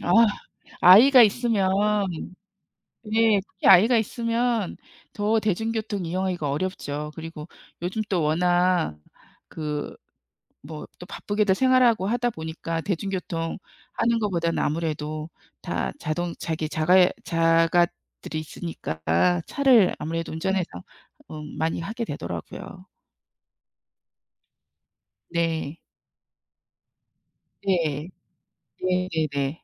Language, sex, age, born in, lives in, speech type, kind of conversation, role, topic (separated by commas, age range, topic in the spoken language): Korean, female, 55-59, South Korea, United States, conversation, unstructured, none, 지구 온난화를 늦추기 위해 우리가 할 수 있는 일은 무엇일까요?